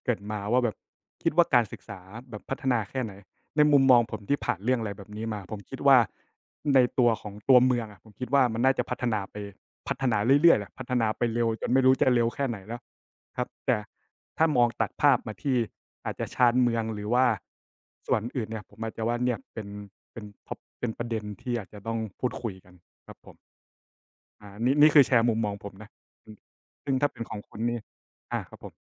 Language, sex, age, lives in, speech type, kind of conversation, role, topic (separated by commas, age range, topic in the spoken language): Thai, male, 25-29, Thailand, conversation, unstructured, none, คุณคิดว่าการสอบบ่อย ๆ ช่วยพัฒนาเด็กหรือทำลายเด็กกันแน่?